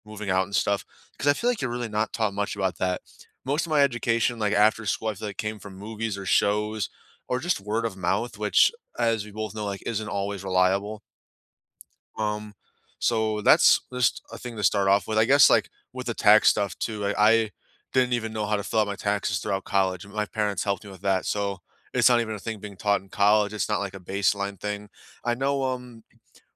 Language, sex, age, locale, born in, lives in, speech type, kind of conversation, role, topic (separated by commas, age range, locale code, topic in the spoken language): English, male, 20-24, en-US, United States, United States, conversation, unstructured, none, What do you wish schools taught more often?